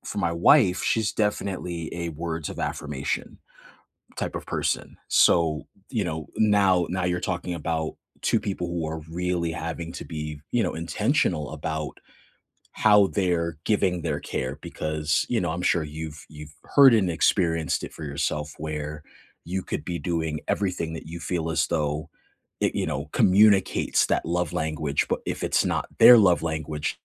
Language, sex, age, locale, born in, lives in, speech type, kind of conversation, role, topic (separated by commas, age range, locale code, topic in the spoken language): English, male, 40-44, en-US, United States, United States, conversation, unstructured, none, How do you prefer to give and receive care, and what do your love languages reveal about how to feel supported together?